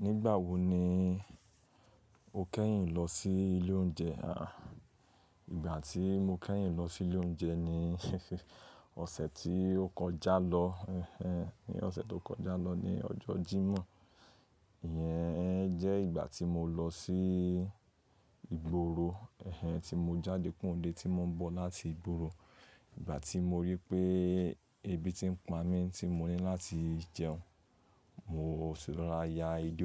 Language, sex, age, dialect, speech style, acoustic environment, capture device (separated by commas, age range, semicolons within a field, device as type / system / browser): Yoruba, male, 25-34, Nigeria - Lagos Yoruba, happy, silence, mobile / Linux / Chrome